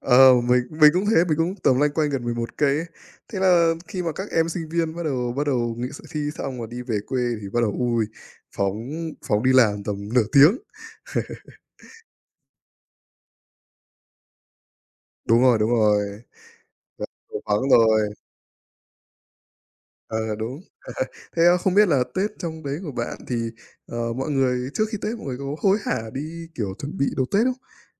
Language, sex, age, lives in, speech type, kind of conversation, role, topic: Vietnamese, male, 25-29, Vietnam, conversation, unstructured, none, Bạn thích tham gia lễ hội nào nhất trong năm?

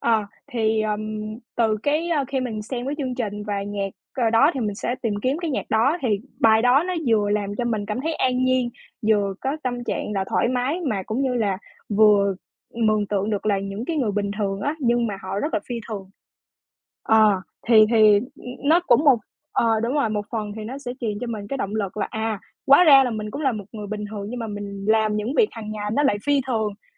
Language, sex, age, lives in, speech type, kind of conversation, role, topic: Vietnamese, female, 20-24, Vietnam, conversation, podcast, guest, Theo bạn, âm nhạc thay đổi tâm trạng của chúng ta như thế nào?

